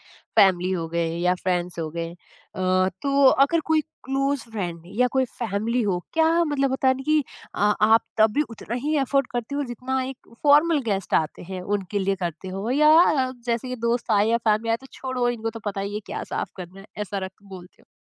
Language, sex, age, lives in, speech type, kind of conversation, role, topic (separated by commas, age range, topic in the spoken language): Hindi, female, 30-34, India, conversation, podcast, host, Guest aane par ghar ko turant saaf-suthra aur tidy kaise dikhayein?